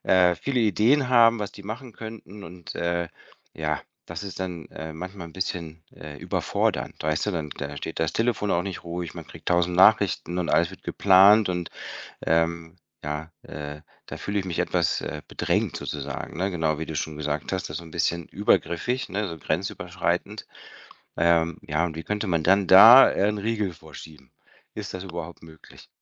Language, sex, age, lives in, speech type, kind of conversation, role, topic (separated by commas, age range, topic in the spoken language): German, male, 50-54, Spain, conversation, advice, user, Warum fällt es mir so schwer, gegenüber der Familie meines Partners klare Grenzen zu setzen?